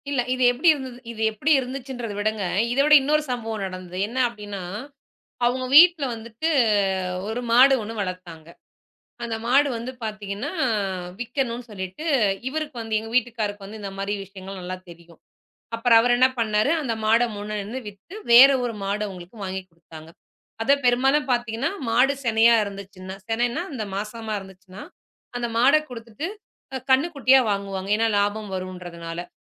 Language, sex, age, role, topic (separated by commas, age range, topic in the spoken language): Tamil, female, 35-39, guest, உறவுகளில் எல்லைகளை நிர்ணயிப்பதை நீங்கள் எப்படிப் பழகிக் கொண்டீர்கள்?